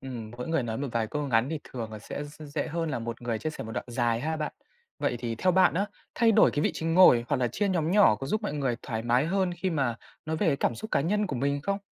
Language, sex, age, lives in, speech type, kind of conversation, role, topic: Vietnamese, male, 20-24, Vietnam, read, expert-assistant, asker, Bạn có ý tưởng nào để làm cho việc so sánh truyện ngắn Việt Nam và phương Tây trở nên thú vị hơn không?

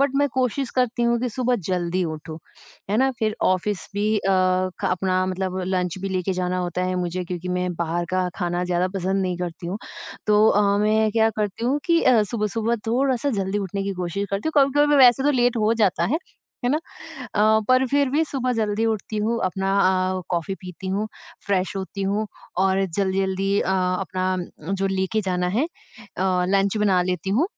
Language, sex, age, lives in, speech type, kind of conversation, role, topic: Hindi, female, 20-24, India, conversation, podcast, guest, Kaise balance karte ho office aur ghar ke chores?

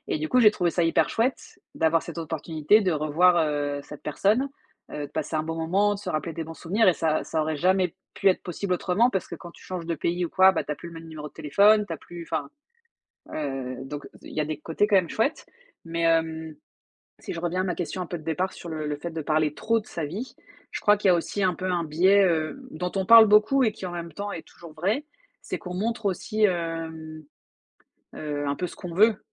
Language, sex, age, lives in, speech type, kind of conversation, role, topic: French, female, 35-39, France, conversation, unstructured, none, Penses-tu que les gens parlent trop de leur vie en ligne ?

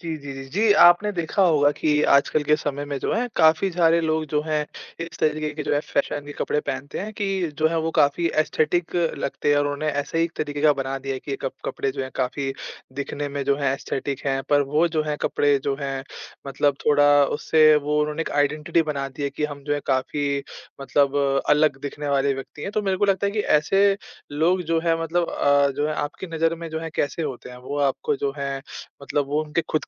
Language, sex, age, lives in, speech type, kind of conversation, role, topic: Hindi, male, 20-24, India, conversation, podcast, host, सोशल मीडिया का फैशन आपकी सांस्कृतिक पहचान को कैसे बदल रहा है?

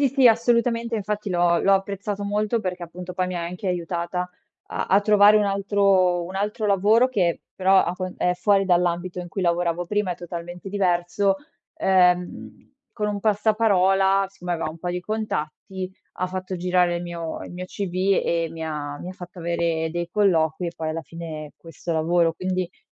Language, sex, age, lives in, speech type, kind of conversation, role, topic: Italian, female, 25-29, Italy, conversation, podcast, guest, Quando hai capito di aver preso la strada sbagliata nella tua carriera?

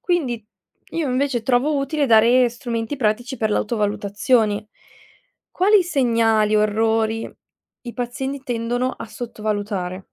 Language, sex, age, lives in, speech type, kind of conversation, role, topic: Italian, female, 20-24, Italy, read, expert-assistant, asker, Cosa significa davvero rispettare i desideri di un paziente?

